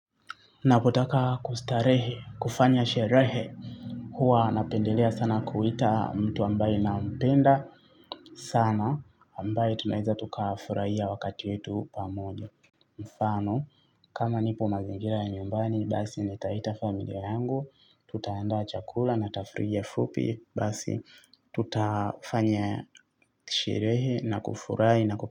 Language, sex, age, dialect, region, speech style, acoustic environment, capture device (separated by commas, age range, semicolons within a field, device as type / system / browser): Swahili, male, 25-34, Tanzania - Dar es Salaam, Tanzania, happy; excited; focused, traffic; silence, mobile / Linux / Chrome